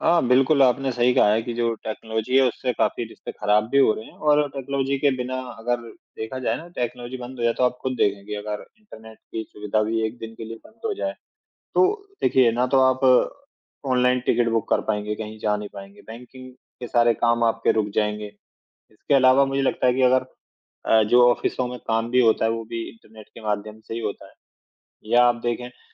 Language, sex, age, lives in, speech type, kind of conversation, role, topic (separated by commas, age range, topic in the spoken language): Hindi, male, 35-39, India, conversation, unstructured, none, क्या प्रौद्योगिकी ने आपके जीवन में खुशियाँ बढ़ाई हैं?